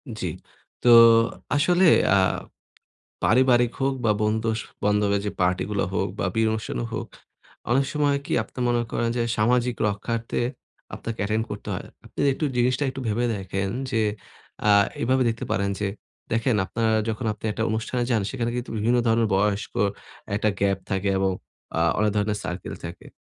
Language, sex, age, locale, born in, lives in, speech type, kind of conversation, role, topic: Bengali, male, 20-24, bn-BD, Bangladesh, Bangladesh, conversation, advice, advisor, আমি কীভাবে বন্ধুদের সঙ্গে পার্টি বা উৎসবে সামাজিক চাপ সামলে মানিয়ে নিতে পারি?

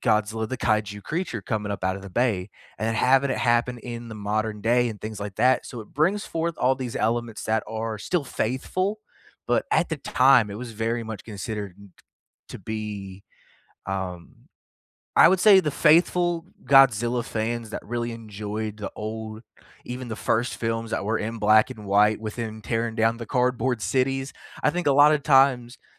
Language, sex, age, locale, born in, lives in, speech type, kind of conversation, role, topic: English, male, 25-29, en-US, United States, United States, conversation, unstructured, none, Which hidden gem films would you recommend to everyone?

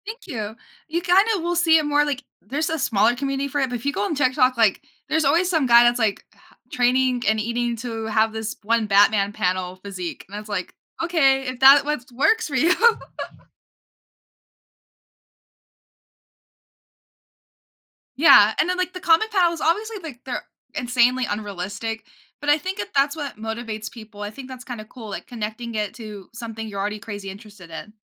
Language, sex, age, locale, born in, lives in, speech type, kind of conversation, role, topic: English, female, 18-19, en-US, United States, United States, conversation, podcast, guest, What strategies help people build and maintain healthy habits over time?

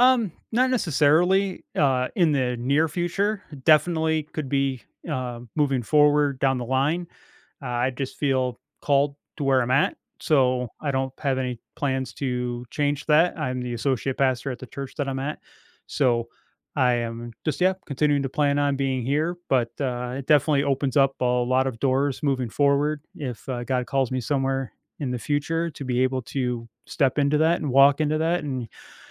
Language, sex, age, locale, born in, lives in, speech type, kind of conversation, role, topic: English, male, 45-49, en-US, United States, United States, conversation, advice, user, How can I show I'm ready for a promotion and approach my manager about it?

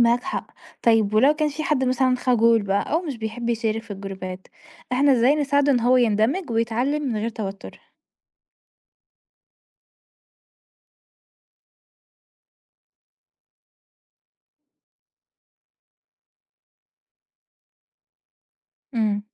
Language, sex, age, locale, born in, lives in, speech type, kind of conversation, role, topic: Arabic, female, 20-24, ar-EG, Egypt, Portugal, read, expert-assistant, asker, إزاي ممكن نطوّر طرق التعلم بحيث تناسب كل شخصية وطريقة تفكير مختلفة؟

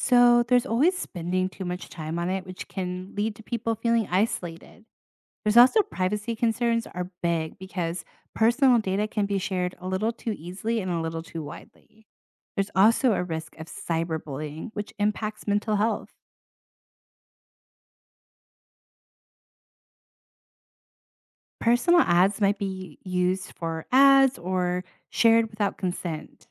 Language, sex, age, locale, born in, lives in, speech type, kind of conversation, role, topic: English, female, 30-34, en-US, United States, United States, read, expert-assistant, expert, What are the risks and benefits of social media?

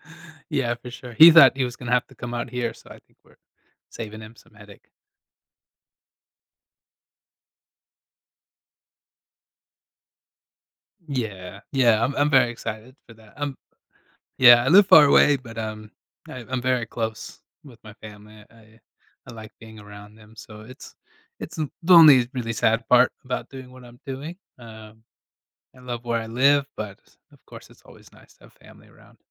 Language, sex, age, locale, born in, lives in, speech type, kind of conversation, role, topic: English, male, 35-39, en-US, United States, United States, conversation, advice, user, How can I plan a special family vacation that works for everyone?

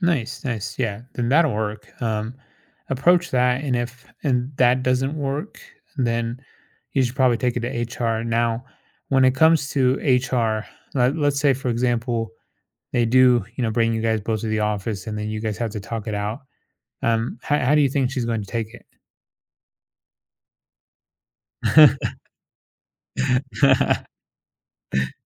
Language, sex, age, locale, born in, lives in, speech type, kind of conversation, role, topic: English, male, 30-34, en-US, United States, United States, conversation, advice, advisor, How do I get my manager and team to acknowledge my contributions when I feel unappreciated?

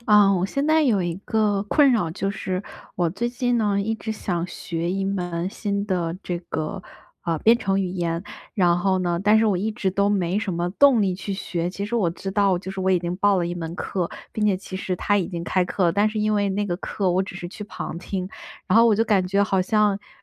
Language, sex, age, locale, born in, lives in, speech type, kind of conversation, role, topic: Chinese, female, 25-29, zh-CN, China, United States, conversation, advice, user, 如何才能真正摆脱持续拖延的习惯？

